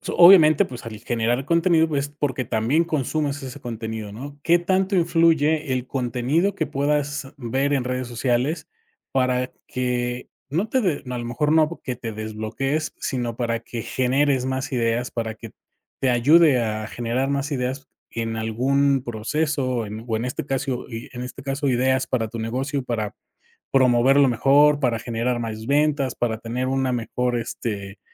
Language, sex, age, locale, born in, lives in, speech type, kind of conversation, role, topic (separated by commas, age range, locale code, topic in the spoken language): Spanish, male, 45-49, es-MX, Mexico, Mexico, conversation, podcast, host, ¿Cómo superas el bloqueo creativo cuando aparece?